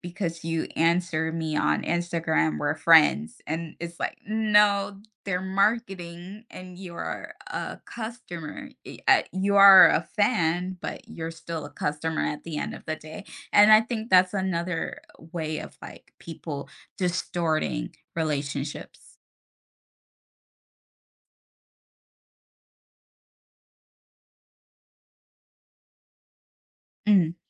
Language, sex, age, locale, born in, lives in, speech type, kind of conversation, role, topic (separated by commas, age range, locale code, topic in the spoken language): English, female, 30-34, en-US, United States, United States, conversation, podcast, guest, How does our use of social media shape the way we connect with friends offline?